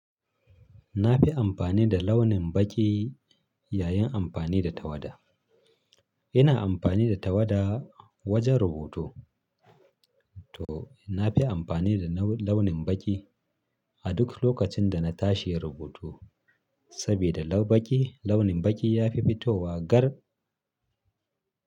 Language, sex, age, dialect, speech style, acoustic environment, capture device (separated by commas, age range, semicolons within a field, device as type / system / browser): Hausa, male, 35-44, Nigeria - Kano, happy, silence, mobile / Linux / Chrome